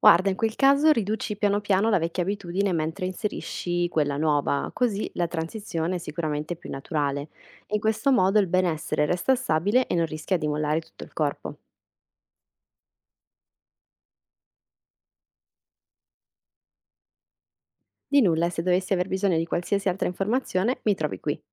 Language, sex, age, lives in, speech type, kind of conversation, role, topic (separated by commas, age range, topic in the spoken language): Italian, female, 25-29, Italy, read, expert-assistant, expert, In che modo piccoli cambiamenti quotidiani possono portare a grandi miglioramenti personali nel tempo?